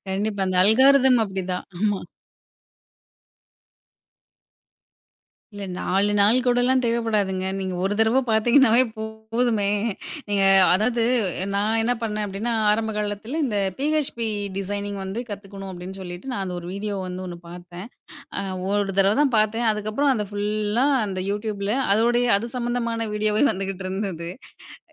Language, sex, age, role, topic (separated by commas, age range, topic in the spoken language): Tamil, female, 30-34, host, கல்விக்கான நிதி குறைவாக இருந்தாலும், கற்றுக்கொள்ள எந்த வழிகளைப் பயன்படுத்தலாம்?